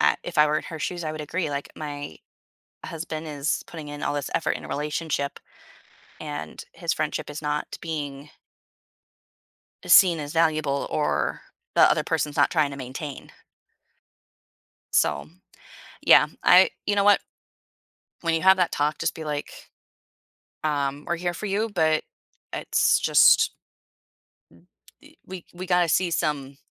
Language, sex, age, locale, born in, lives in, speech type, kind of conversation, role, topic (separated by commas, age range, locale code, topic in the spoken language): English, female, 30-34, en-US, United States, United States, conversation, advice, advisor, How can I address a conflict with a friend and rebuild trust?